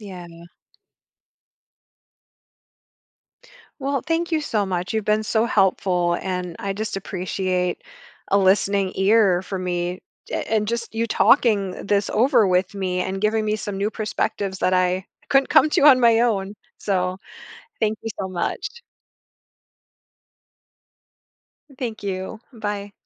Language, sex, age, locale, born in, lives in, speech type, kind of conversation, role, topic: English, female, 45-49, en-US, United States, United States, conversation, advice, user, How can I communicate more clearly and listen better in my relationships?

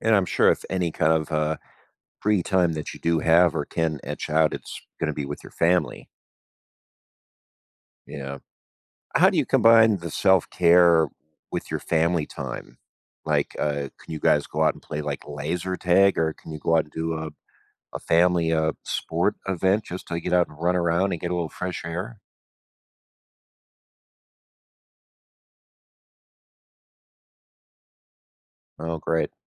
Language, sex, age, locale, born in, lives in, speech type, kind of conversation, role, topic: English, male, 45-49, en-US, United States, United States, conversation, advice, advisor, How can I make time for self-care while balancing school, family, and hobbies?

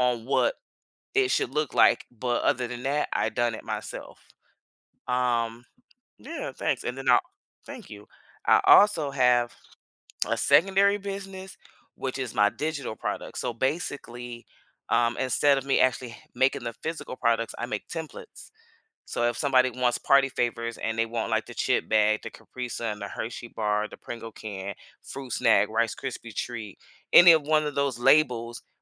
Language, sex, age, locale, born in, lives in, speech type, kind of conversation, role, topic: English, female, 30-34, en-US, United States, United States, conversation, unstructured, none, How has your dream job changed as you've grown, and what inspired those changes?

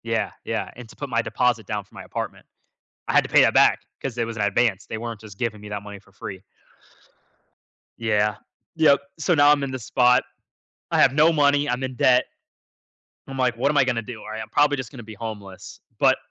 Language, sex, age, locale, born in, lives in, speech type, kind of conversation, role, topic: English, male, 20-24, en-US, United States, United States, conversation, podcast, guest, Can you share a time you felt out of your comfort zone?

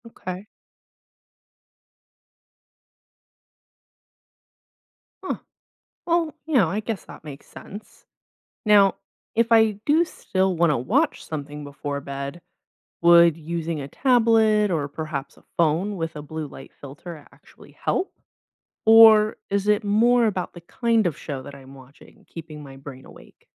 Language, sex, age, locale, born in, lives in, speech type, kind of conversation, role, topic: English, female, 25-29, en-US, United States, United States, read, expert-assistant, asker, Does binge-watching TV at night harm sleep quality?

